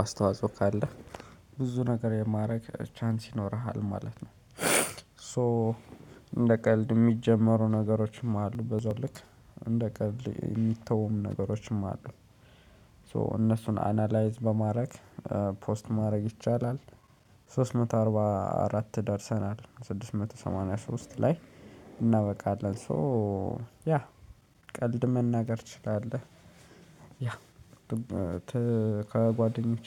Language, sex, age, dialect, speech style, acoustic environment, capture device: Amharic, male, 25-34, Ethiopia - Addis Ababa, focused; happy, people talking, mobile / Linux / Chrome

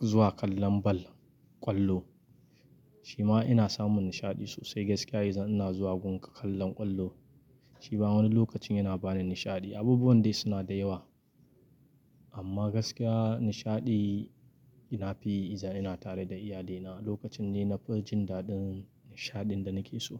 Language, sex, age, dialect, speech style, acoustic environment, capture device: Hausa, male, 25-34, Nigeria - Kano, happy; excited; focused, nature, mobile / Linux / Chrome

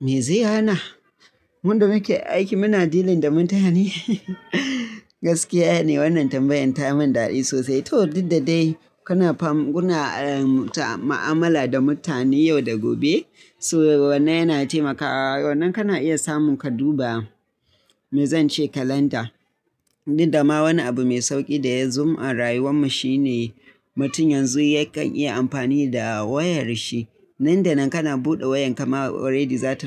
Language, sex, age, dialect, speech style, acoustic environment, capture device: Hausa, male, 25-34, Nigeria - Kano, happy, silence; nature, mobile / Linux / Chrome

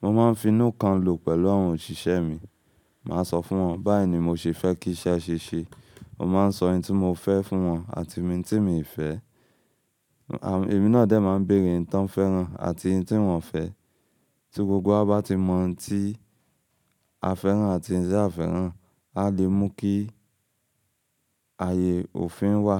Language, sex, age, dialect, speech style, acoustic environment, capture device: Yoruba, male, 25-34, Nigeria - Ibadan, excited, construction, mobile / Linux / Chrome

